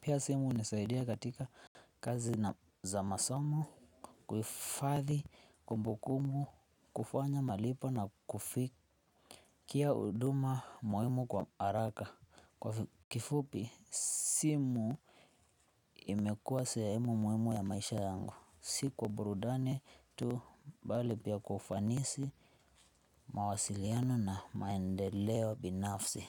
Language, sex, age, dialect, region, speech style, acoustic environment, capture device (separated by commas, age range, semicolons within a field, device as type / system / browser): Swahili, male, 18-24, Kenya - Nairobi Sheng-influenced, Kenya, happy, nature; silence, desktop / Linux / Chrome